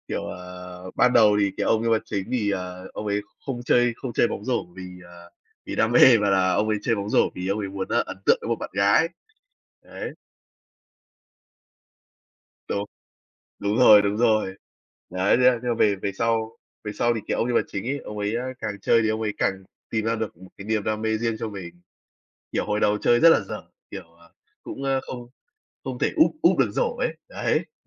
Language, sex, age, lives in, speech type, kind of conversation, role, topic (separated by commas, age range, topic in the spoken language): Vietnamese, male, 20-24, Vietnam, conversation, unstructured, none, Bộ phim hoạt hình nào bạn xem hồi nhỏ mà đến giờ vẫn nhớ mãi?